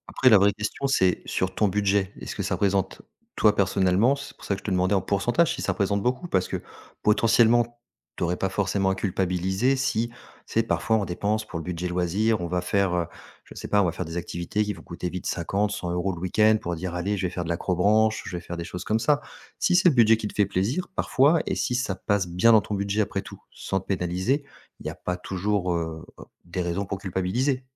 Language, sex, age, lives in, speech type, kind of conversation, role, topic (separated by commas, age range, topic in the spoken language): French, male, 40-44, France, conversation, advice, advisor, Comment décririez-vous vos achats impulsifs fréquents et la culpabilité que vous ressentez après avoir dépensé ?